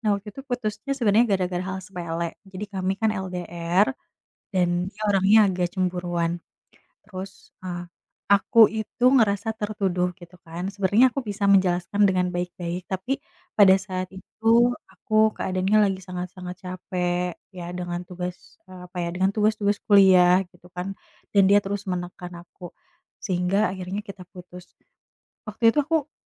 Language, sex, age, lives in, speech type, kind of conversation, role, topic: Indonesian, female, 30-34, Indonesia, conversation, advice, user, Bagaimana cara membangun kembali harga diri dan menetapkan harapan yang realistis setelah putus cinta?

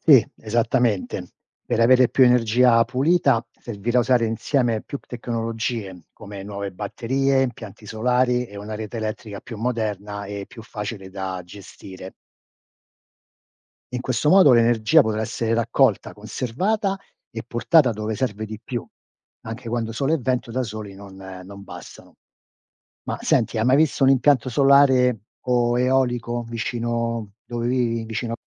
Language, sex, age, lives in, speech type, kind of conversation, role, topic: Italian, male, 60-64, United States, read, expert-assistant, expert, Come si produce l’energia elettrica che usiamo ogni giorno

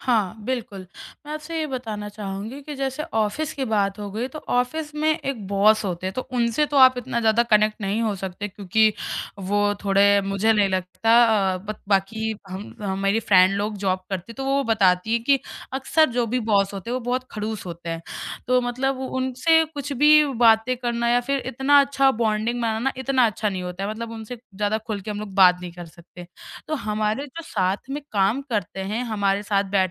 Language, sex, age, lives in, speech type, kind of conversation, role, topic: Hindi, female, 20-24, India, conversation, podcast, guest, Tumhare liye apnapan ka matlab kya hai?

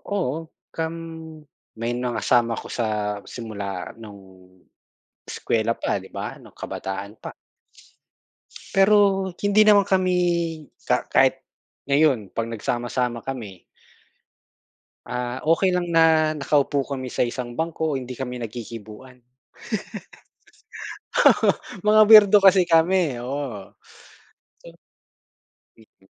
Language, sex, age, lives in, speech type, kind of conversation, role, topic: Filipino, male, 40-44, Philippines, conversation, unstructured, none, Ano ang kahalagahan ng pagtitiwala sa pagkakaibigan para sa’yo?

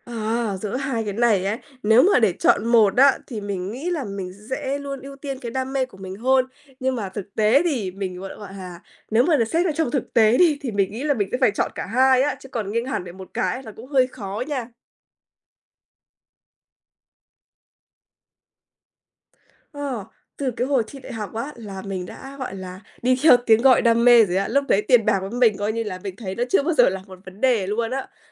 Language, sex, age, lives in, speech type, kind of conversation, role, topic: Vietnamese, female, 20-24, Vietnam, conversation, podcast, guest, Bạn cân nhắc và lựa chọn giữa đam mê và tiền bạc như thế nào?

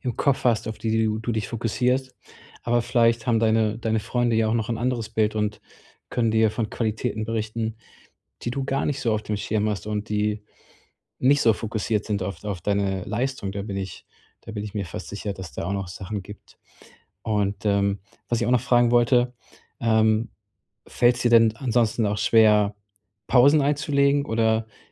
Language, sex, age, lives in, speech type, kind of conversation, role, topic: German, male, 35-39, Germany, conversation, advice, advisor, Wie kann ich meinen Selbstwert von meiner Produktivität trennen?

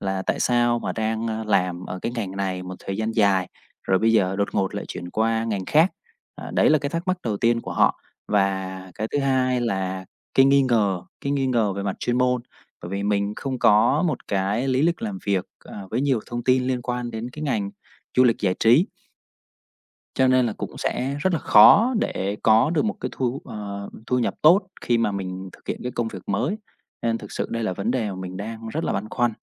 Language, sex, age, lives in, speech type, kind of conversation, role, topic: Vietnamese, male, 30-34, Vietnam, conversation, advice, user, Làm sao vượt qua nỗi sợ đổi nghề khi đang ở giữa chặng đường sự nghiệp?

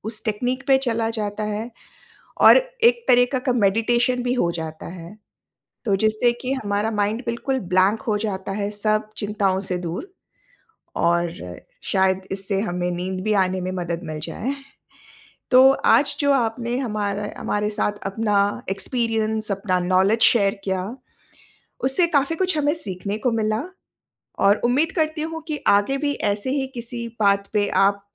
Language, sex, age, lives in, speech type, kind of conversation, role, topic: Hindi, female, 45-49, India, conversation, podcast, host, Jab motivation low hota tha, tum kya karte the?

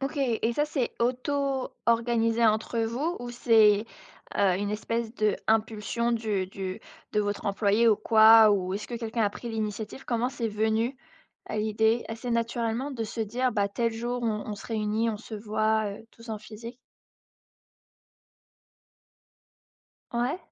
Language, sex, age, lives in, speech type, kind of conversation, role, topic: French, female, 25-29, France, conversation, podcast, host, Qu’est-ce qui te plaît dans le télétravail, et pourquoi ?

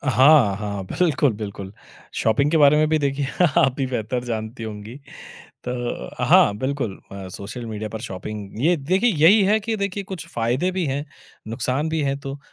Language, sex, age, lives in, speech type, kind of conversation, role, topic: Hindi, male, 30-34, India, conversation, podcast, host, क्या सोशल मीडिया लोगों को ज़िम्मेदारी के साथ जोड़ता है या उन्हें अलग कर देता है?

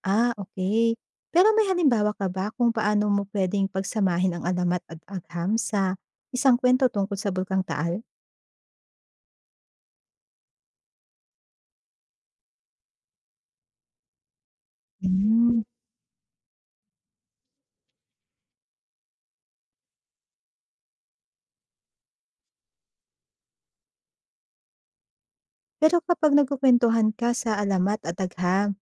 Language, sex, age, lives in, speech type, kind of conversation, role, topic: Filipino, female, 30-34, Philippines, read, expert-assistant, asker, Paano kaya natin mapapagsama ang tradisyonal na kwento ng mga ninuno at modernong agham para mas maintindihan ang pinagmulan ng mga bulkan?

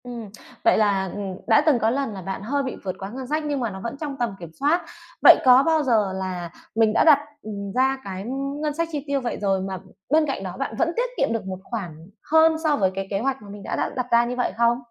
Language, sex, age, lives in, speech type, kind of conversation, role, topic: Vietnamese, female, 30-34, Vietnam, conversation, podcast, host, Bạn làm gì để giảm lãng phí thực phẩm trong gia đình?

